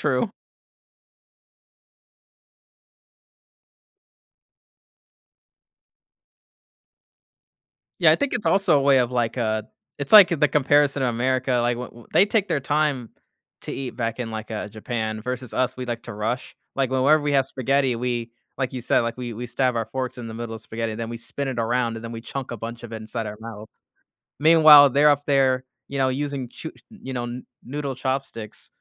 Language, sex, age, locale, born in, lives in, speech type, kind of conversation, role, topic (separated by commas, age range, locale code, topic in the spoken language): English, male, 25-29, en-US, United States, United States, conversation, podcast, host, How can exploring new foods change our perspective and experiences?